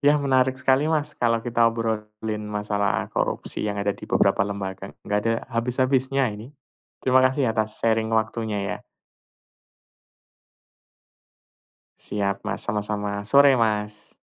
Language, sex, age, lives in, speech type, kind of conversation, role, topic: Indonesian, male, 40-44, Indonesia, conversation, unstructured, none, Apa pendapat kamu tentang korupsi yang masih terjadi di beberapa lembaga?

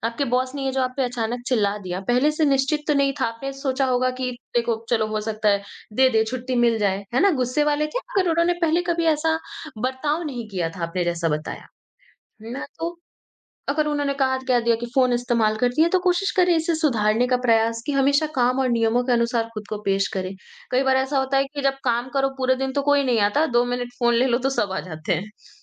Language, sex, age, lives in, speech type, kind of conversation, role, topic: Hindi, female, 20-24, India, conversation, advice, advisor, बॉस द्वारा सार्वजनिक रूप से आलोचना किए जाने पर मुझे शर्म या गुस्सा क्यों आता है?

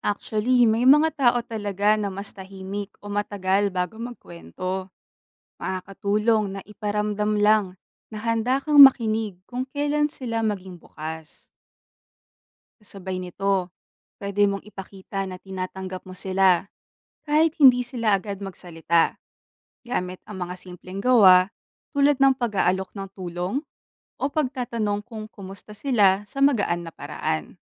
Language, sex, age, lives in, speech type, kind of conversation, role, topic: Filipino, female, 25-29, Philippines, read, expert-assistant, expert, Paano nakakaapekto ang pag-abuso sa bawal na gamot sa kinabukasan ng kabataan?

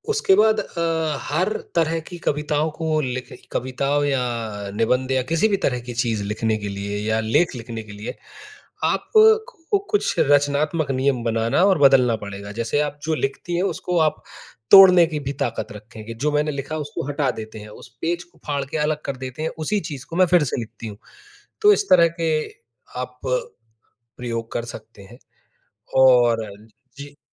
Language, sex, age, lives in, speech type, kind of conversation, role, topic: Hindi, male, 30-34, India, conversation, advice, advisor, सीमाओं का उपयोग करके मैं अपनी रचनात्मक रुकावट कैसे दूर कर सकता/सकती हूँ?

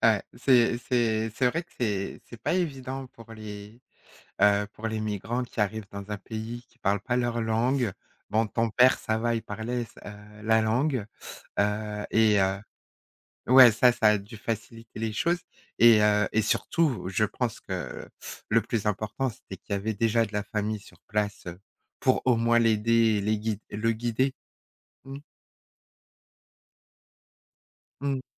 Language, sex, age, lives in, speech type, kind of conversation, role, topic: French, female, 40-44, France, conversation, podcast, host, Comment la migration a-t-elle touché ta famille ?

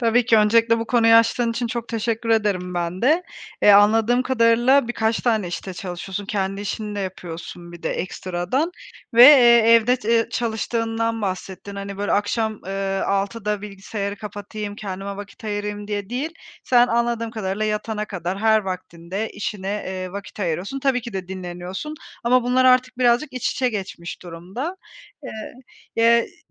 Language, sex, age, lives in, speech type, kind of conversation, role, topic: Turkish, female, 30-34, Spain, conversation, advice, advisor, Tükenmişlik sonrası işe dönerken iş ve dinlenme dengesini nasıl kurabilirim?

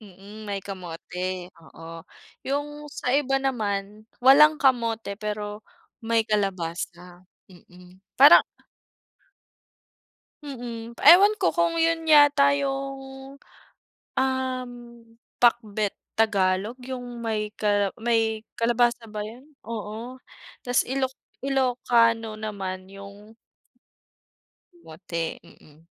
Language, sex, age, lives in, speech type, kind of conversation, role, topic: Filipino, female, 25-29, Philippines, conversation, unstructured, none, Ano ang pinakapaborito mong pagkaing natikman habang naglalakbay ka?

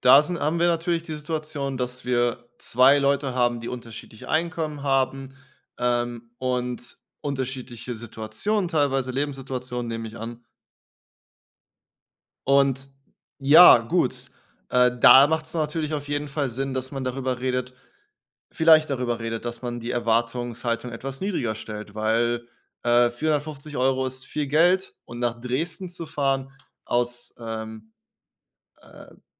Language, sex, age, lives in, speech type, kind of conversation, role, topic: German, male, 30-34, Germany, conversation, advice, advisor, Wie kann ich nach einer Reise oder bei Jetlag wieder normal schlafen?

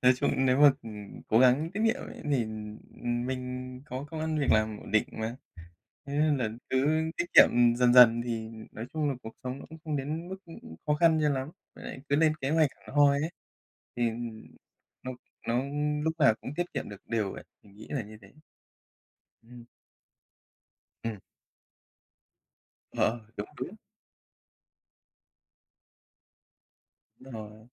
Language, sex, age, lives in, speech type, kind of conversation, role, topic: Vietnamese, male, 30-34, Japan, conversation, unstructured, none, Bạn nghĩ sao về việc tiết kiệm tiền mỗi tháng?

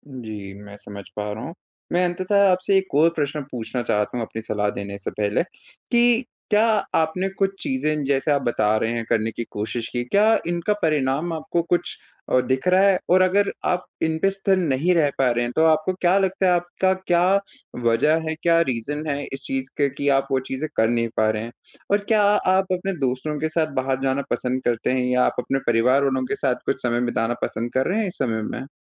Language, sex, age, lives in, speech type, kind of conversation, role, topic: Hindi, male, 20-24, India, conversation, advice, advisor, आराम करते समय मुझे अक्सर बेचैनी क्यों होती है?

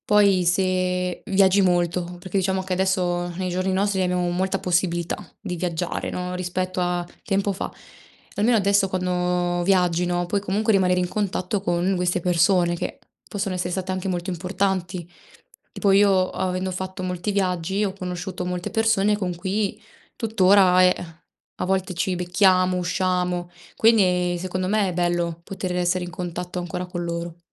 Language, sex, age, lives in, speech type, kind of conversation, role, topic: Italian, female, 20-24, Italy, conversation, unstructured, none, In che modo la tecnologia ti aiuta a restare in contatto con gli altri?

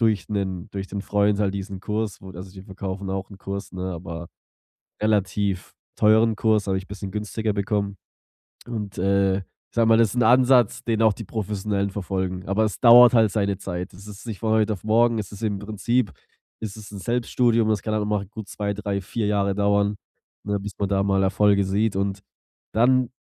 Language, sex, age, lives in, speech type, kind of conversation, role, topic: German, male, 25-29, Germany, conversation, advice, user, Wie kann ich mich in sozialen Medien weniger mit anderen vergleichen?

